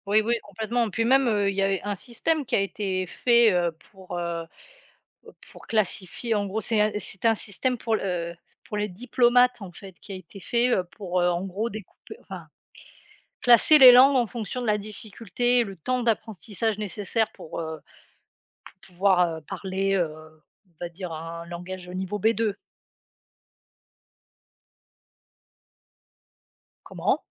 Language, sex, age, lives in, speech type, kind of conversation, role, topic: French, female, 35-39, France, conversation, unstructured, none, Comment votre culture perçoit-elle l’apprentissage des langues ?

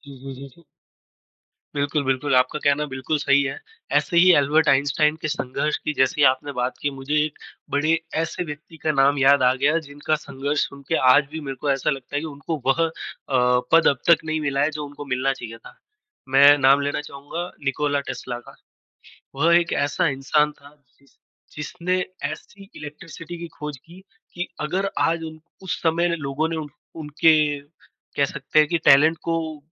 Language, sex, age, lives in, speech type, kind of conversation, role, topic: Hindi, female, 20-24, India, conversation, unstructured, none, इतिहास की कौन-सी कहानी तुम्हें सबसे ज़्यादा दिलचस्प लगती है?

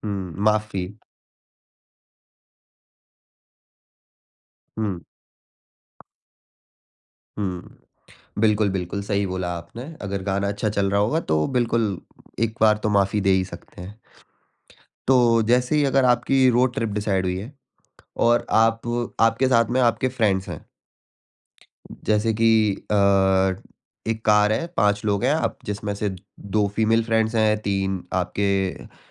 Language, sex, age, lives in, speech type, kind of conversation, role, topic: Hindi, male, 20-24, India, conversation, podcast, host, Roadtrip ke liye playlist curate karne ka tumhara process kya hota hai?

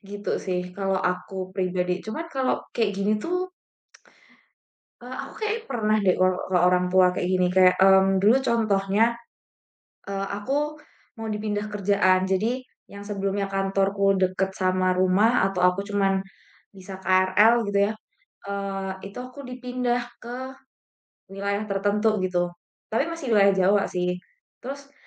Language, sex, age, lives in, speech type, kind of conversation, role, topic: Indonesian, female, 25-29, Indonesia, conversation, unstructured, none, Bagaimana cara kamu mengekspresikan diri dalam kehidupan sehari-hari?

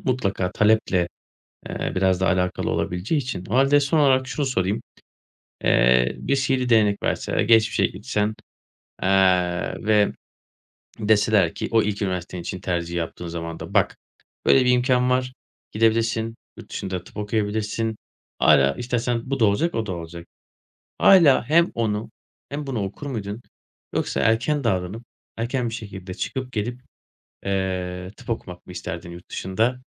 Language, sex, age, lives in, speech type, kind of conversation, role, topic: Turkish, male, 30-34, Bulgaria, conversation, podcast, host, Kariyer değişikliğini aileye nasıl açıklarsın?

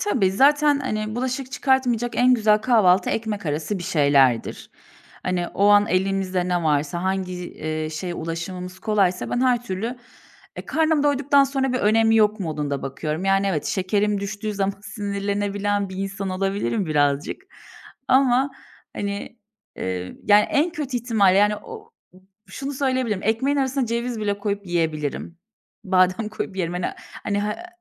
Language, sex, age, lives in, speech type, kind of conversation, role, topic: Turkish, female, 30-34, Bulgaria, conversation, podcast, guest, Kamp yemeklerini pratik hale getirmenin yöntemleri nelerdir?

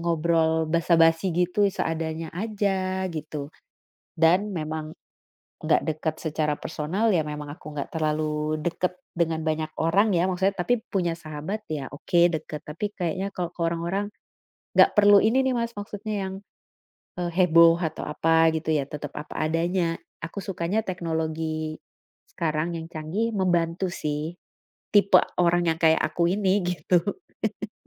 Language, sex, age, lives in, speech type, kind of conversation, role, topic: Indonesian, female, 35-39, Netherlands, conversation, unstructured, none, Bagaimana teknologi mengubah cara kita berkomunikasi?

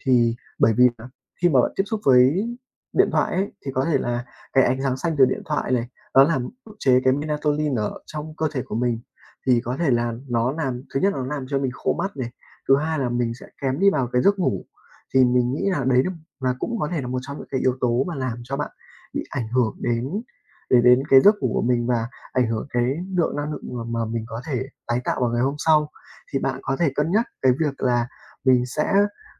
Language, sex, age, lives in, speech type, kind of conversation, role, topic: Vietnamese, male, 20-24, Vietnam, conversation, advice, advisor, Vì sao tôi mệt suốt ngày dù ban đêm ngủ đủ giờ?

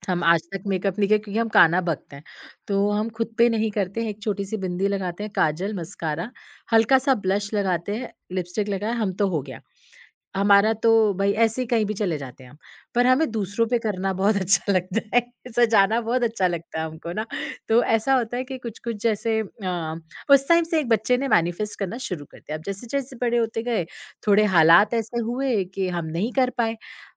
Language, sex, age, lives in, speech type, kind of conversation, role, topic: Hindi, female, 30-34, India, conversation, podcast, guest, क्या मेकअप आपको आत्मविश्वास देता है या प्राकृतिक रूप ज़्यादा पसंद है?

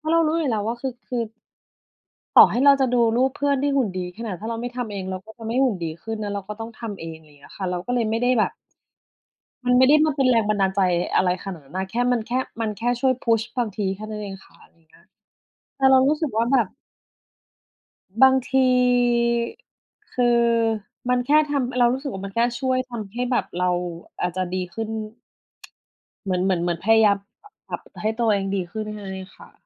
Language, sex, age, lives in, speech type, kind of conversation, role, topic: Thai, female, 40-44, Thailand, conversation, unstructured, none, การใช้สื่อสังคมออนไลน์ส่งผลต่ออารมณ์ของคุณอย่างไร?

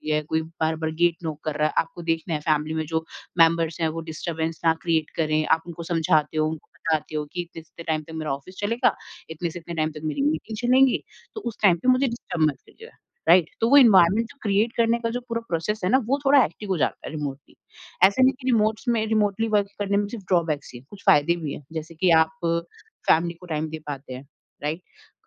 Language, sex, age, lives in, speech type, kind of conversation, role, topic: Hindi, female, 30-34, India, conversation, podcast, guest, Remote kaam aur office culture ko tum kaise balance karte ho?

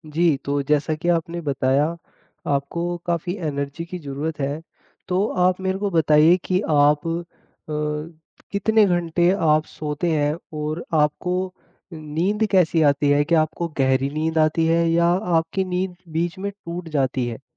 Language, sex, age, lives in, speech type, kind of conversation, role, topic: Hindi, male, 20-24, India, conversation, advice, advisor, वर्कआउट के बाद मुझे लगातार थकान क्यों महसूस होती है?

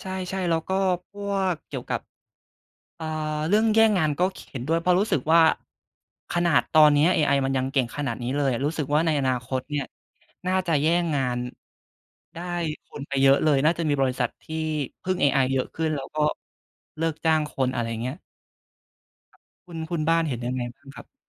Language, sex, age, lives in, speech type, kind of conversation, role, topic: Thai, male, 20-24, Thailand, conversation, unstructured, none, เทคโนโลยีเปลี่ยนวิถีชีวิตของคุณไปอย่างไรบ้าง?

